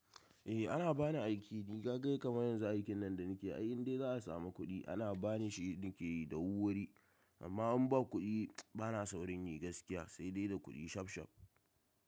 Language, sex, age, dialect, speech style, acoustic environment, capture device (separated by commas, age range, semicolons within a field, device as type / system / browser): Hausa, male, 18-24, Nigeria - Kano, happy; stressed, silence, mobile / macOS / Safari